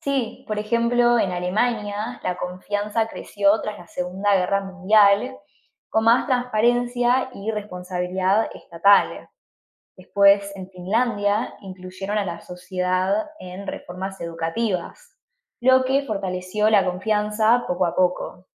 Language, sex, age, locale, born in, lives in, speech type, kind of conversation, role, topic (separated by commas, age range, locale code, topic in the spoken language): Spanish, female, 20-24, es-AR, Argentina, United States, read, expert-assistant, expert, ¿Por qué algunos países ofrecen educación superior gratuita y cómo influye la participación social?